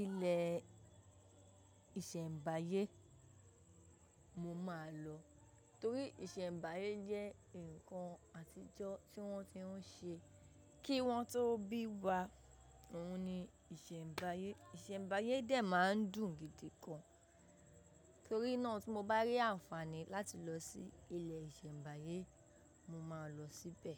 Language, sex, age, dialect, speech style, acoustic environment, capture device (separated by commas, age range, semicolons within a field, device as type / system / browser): Yoruba, female, 18-24, Nigeria - Ibadan, happy, people talking, mobile / macOS / Safari